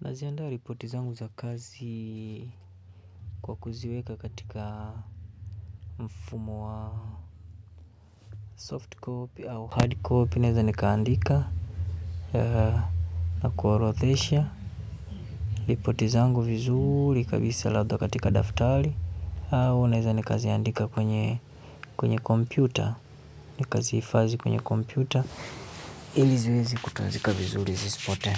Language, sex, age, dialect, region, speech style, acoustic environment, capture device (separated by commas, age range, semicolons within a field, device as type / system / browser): Swahili, male, 25-34, Kenya - Nairobi Sheng-influenced, Kenya, relaxed; happy; focused, silence, mobile / Linux / Chrome